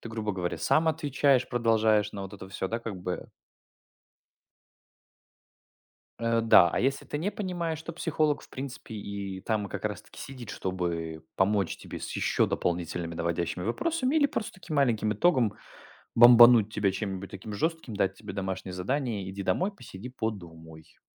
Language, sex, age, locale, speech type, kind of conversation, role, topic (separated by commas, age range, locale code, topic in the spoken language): Russian, male, 20-24, ru-RU, conversation, unstructured, none, Как вы справляетесь с чувством неуверенности в себе?